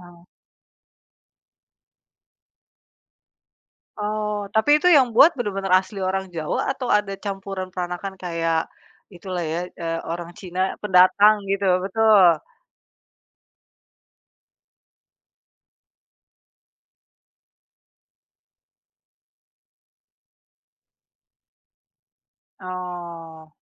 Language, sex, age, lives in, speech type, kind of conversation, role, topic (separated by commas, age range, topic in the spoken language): Indonesian, female, 35-39, Indonesia, conversation, podcast, host, Pernahkah kamu merasa ada makanan yang langsung bikin kamu berasa di rumah? Bisa ceritain?